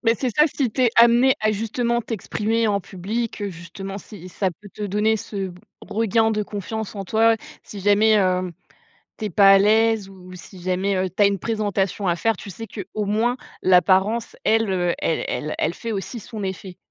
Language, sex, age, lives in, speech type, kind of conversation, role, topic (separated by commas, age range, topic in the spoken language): French, female, 25-29, France, conversation, podcast, host, Ton style a-t-il renforcé ta confiance en toi ?